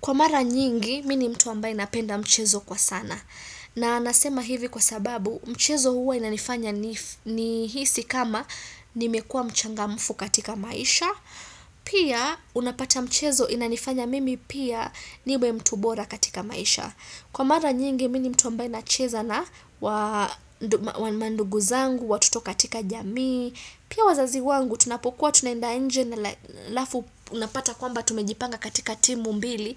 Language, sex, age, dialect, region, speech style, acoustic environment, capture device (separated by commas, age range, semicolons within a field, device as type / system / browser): Swahili, female, 25-34, Kenya - Nairobi Sheng-influenced, Kenya, excited; focused, silence, desktop / Windows / Chrome